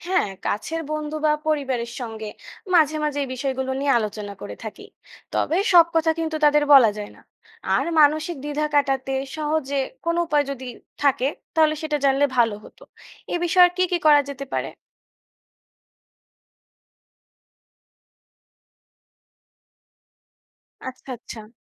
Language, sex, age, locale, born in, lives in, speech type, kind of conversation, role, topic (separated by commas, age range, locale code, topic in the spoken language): Bengali, female, 20-24, bn-BD, Bangladesh, Bangladesh, read, expert-assistant, asker, বন্ধুদের সঙ্গে নিয়মিত সময় কাটানোর জন্য কীভাবে পরিকল্পনা করতে পারি?